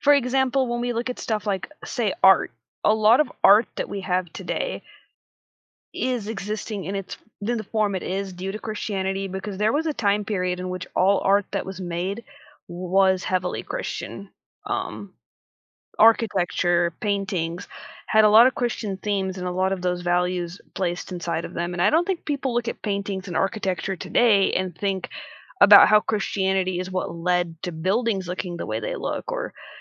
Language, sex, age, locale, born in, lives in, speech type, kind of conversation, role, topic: English, female, 25-29, en-US, United States, United States, conversation, podcast, guest, How have major historical events shaped the world we live in today?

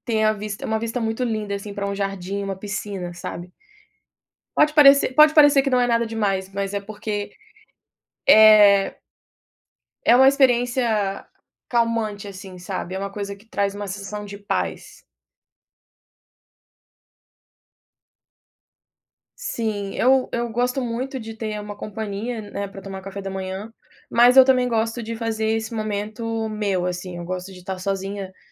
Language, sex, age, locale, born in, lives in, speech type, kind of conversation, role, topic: Portuguese, female, 25-29, pt-BR, Brazil, United States, conversation, podcast, guest, Qual é a sua rotina matinal para se sentir bem o dia todo?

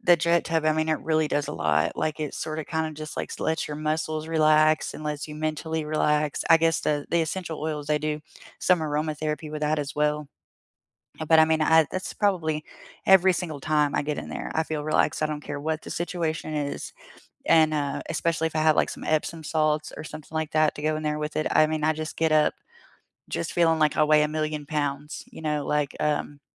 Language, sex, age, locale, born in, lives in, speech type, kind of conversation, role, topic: English, female, 30-34, en-US, United States, United States, conversation, unstructured, none, Where was the last place you felt truly relaxed, and why?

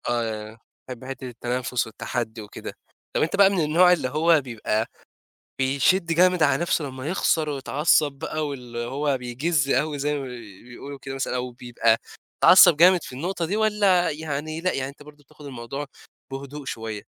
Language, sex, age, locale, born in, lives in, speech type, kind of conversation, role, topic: Arabic, male, 20-24, ar-EG, Egypt, Egypt, conversation, podcast, host, إيه هوايتك المفضلة وليه؟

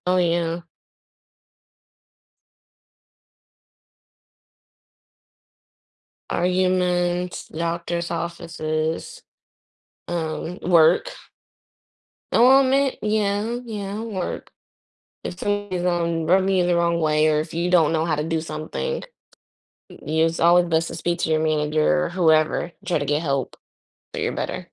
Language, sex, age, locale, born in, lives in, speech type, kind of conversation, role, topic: English, female, 25-29, en-US, United States, United States, conversation, unstructured, none, Would you rather always speak your mind or never speak again?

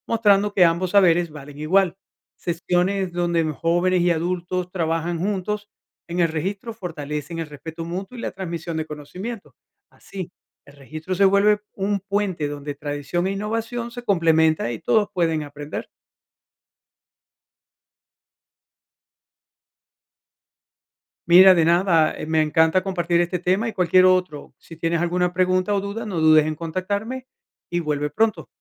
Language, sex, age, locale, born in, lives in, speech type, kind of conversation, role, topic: Spanish, male, 50-54, es-VE, Venezuela, United States, read, expert-assistant, expert, ¿Cómo podríamos combinar métodos tradicionales y tecnología moderna para producir alimentos de forma más eficiente y sostenible?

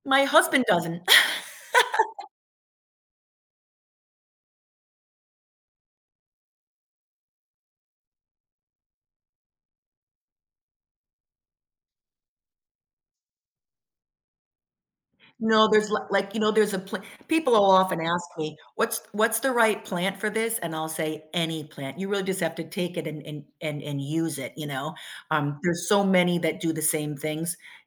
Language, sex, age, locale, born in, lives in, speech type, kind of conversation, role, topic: English, female, 50-54, en-US, United States, United States, conversation, advice, user, How can I apologize and rebuild trust after saying something hurtful to my partner?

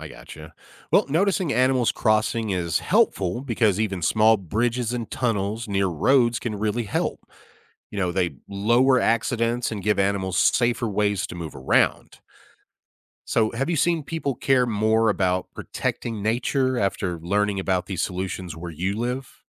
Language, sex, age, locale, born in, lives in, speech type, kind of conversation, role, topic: English, male, 35-39, en-US, United States, United States, read, expert-assistant, expert, What are the main causes and consequences of habitat fragmentation?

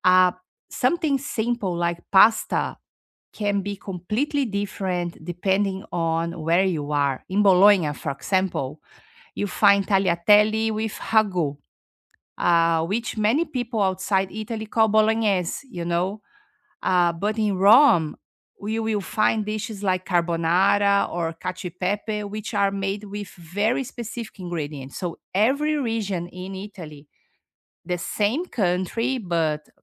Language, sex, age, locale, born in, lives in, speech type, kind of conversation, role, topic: English, female, 50-54, en-BR, Brazil, United States, conversation, unstructured, none, What do you look for when choosing your next place to explore, and what personal stories shape those choices?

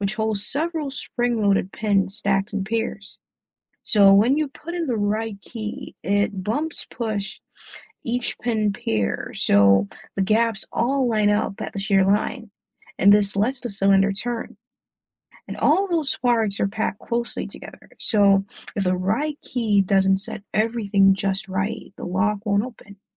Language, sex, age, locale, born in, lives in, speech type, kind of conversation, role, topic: English, male, 25-29, en-US, Jamaica, United States, read, expert-assistant, expert, How does a lock and key work?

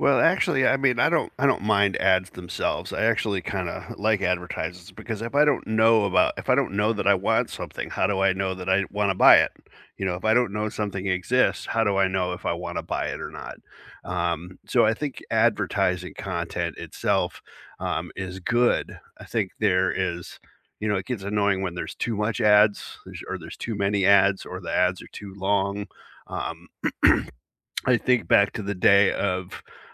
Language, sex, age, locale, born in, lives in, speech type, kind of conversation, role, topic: English, male, 50-54, en-US, United States, United States, conversation, unstructured, none, Should influencers always disclose sponsored content, or only when promotions are clearly recognizable as ads?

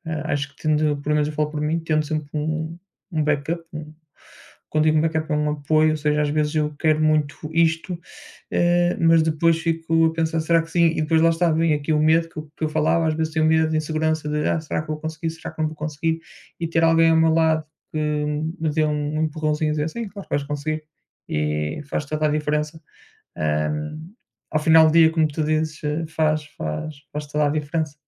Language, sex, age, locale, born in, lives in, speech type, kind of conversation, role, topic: Portuguese, male, 30-34, pt-PT, Portugal, Portugal, conversation, unstructured, none, O que está impedindo você de alcançar seus objetivos no futuro?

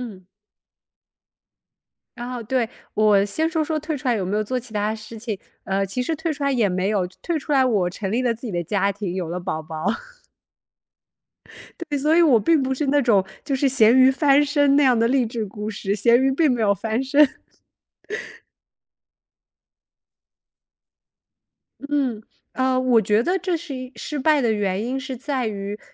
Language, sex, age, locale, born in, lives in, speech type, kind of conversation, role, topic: Chinese, female, 30-34, zh-CN, China, United States, conversation, podcast, guest, 你愿意公开谈论自己的失败吗，为什么？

